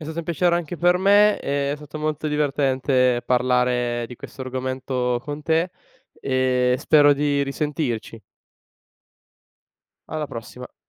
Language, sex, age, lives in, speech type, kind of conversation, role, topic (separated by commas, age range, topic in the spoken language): Italian, male, 20-24, Italy, conversation, podcast, guest, Che valore ha il networking informale, tipo caffè o aperitivi?